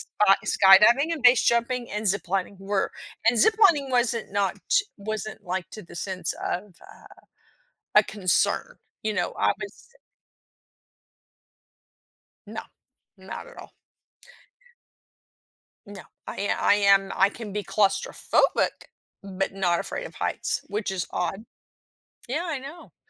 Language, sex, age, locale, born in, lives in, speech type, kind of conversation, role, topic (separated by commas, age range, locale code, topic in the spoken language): English, female, 50-54, en-US, United States, United States, conversation, podcast, guest, What helps you weigh the pros and cons before making a big decision?